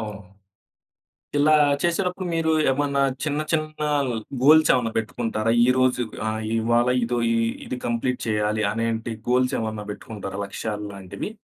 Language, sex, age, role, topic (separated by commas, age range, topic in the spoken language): Telugu, male, 25-29, host, ఒంటరిగా పనిచేసేటప్పుడు పనిపై ప్రేరణను ఎలా నిలుపుకుంటారు?